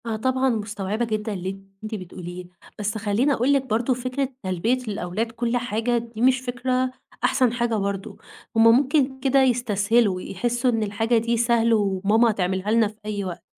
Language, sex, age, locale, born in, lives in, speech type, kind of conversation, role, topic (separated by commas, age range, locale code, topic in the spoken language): Arabic, female, 20-24, ar-EG, Egypt, Egypt, conversation, advice, advisor, إزاي نحلّ الخلاف بيني وبين شريكي/شريكتي في تربية العيال وطريقة التأديب؟